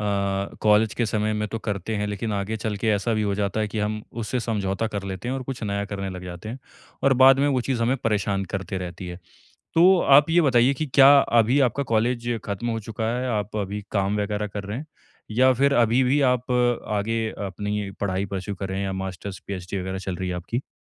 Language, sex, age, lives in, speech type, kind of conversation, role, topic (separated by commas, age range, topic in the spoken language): Hindi, male, 25-29, India, conversation, advice, advisor, नई हॉबी आज़माने का डर मैं कैसे कम करूँ?